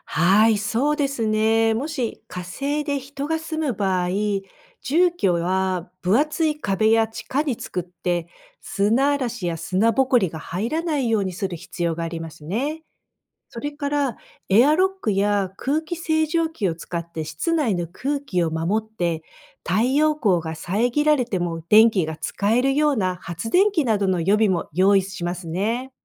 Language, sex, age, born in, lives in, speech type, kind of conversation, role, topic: Japanese, female, 55-59, Japan, United States, read, expert-assistant, expert, なぜ地球には四季があるのか説明してください。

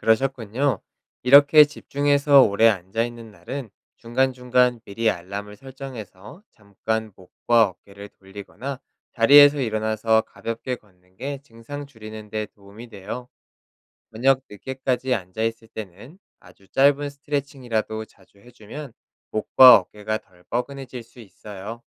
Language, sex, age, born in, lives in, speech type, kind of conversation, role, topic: Korean, male, 30-34, South Korea, South Korea, read, expert-assistant, expert, 바른 자세가 건강에 미치는 영향을 알려 주세요.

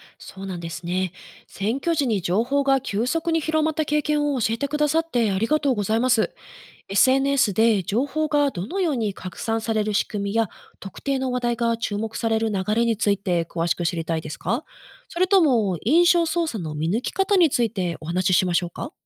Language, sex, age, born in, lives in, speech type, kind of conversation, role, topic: Japanese, female, 35-39, Japan, Japan, read, expert-assistant, expert, SNSで話題になる政治的な噂や主張、どのようにして本当かどうか見極めればいいのでしょう？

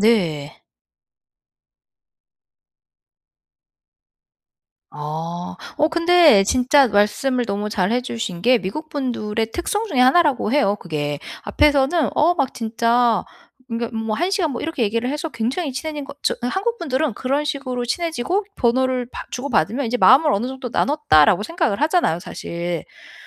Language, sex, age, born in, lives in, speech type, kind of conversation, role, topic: Korean, female, 40-44, United States, United States, conversation, advice, advisor, 공동체에서 소속감과 의미를 더 크게 느끼려면 어떻게 해야 할까요?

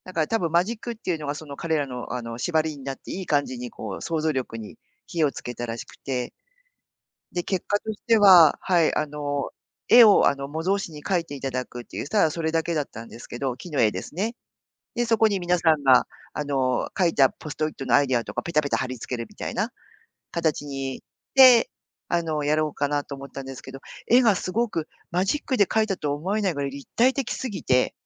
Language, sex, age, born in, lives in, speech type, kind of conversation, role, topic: Japanese, female, 50-54, Japan, Japan, conversation, podcast, guest, 変わったアイデアを試す勇気を出すにはどうすればいいですか？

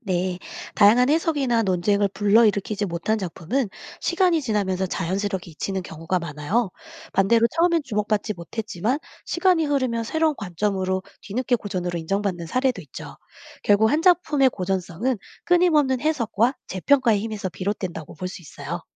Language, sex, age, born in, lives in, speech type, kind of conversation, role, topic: Korean, female, 40-44, South Korea, United States, read, expert-assistant, expert, 고전 문학과 현대 문학은 어떻게 다를까요? ‘고전’이라는 기준은 누가 정하나요?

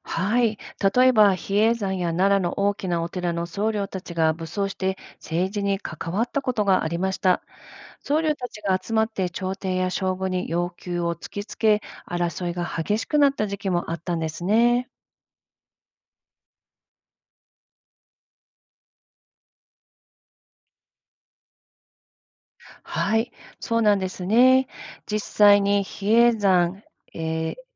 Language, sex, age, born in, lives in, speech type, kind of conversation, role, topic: Japanese, female, 50-54, Japan, United States, read, expert-assistant, expert, 昔の日本に仏教が広まったことで、どんな良いことや困ったことがあったのでしょうか？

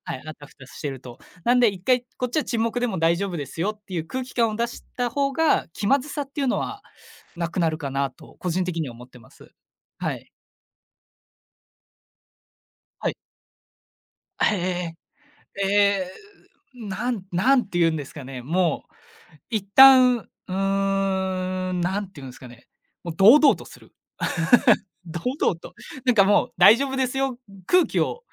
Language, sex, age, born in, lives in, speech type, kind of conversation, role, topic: Japanese, male, 20-24, Japan, Japan, conversation, podcast, guest, 沈黙が続いたとき、どう対処すればいいですか？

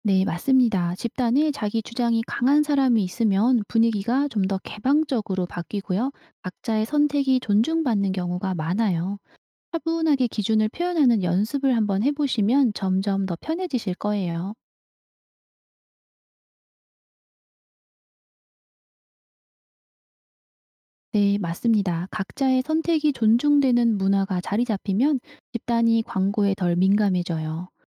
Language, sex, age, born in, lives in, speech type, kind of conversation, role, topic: Korean, female, 55-59, South Korea, South Korea, read, expert-assistant, expert, 광고나 마케팅이 우리의 선택을 유도할 때, 그 선택은 진짜 내 것일까요?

